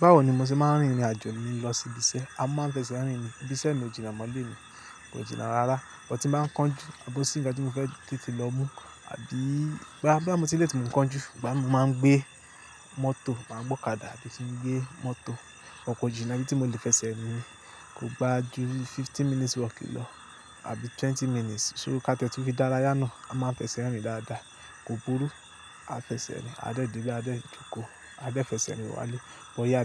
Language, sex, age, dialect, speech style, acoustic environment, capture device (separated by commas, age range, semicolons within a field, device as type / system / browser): Yoruba, male, 25-34, Nigeria - Lagos Yoruba, happy, nature, mobile / Linux / Chrome